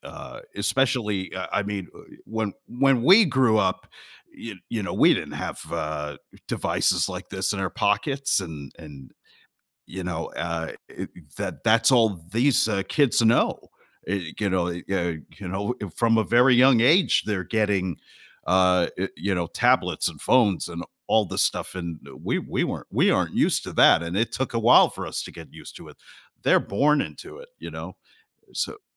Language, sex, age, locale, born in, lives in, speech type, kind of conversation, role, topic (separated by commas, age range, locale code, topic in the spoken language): English, male, 45-49, en-US, United States, United States, conversation, podcast, host, Why do familiar memories and past experiences have such a strong impact on what we enjoy in entertainment?